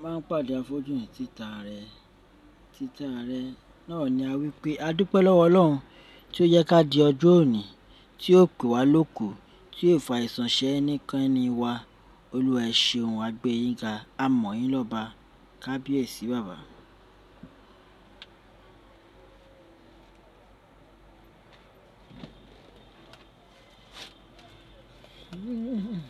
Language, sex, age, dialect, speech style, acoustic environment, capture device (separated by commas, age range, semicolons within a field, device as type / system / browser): Yoruba, male, 35-44, Nigeria - Lagos Yoruba, happy, people talking, desktop / Linux / Chrome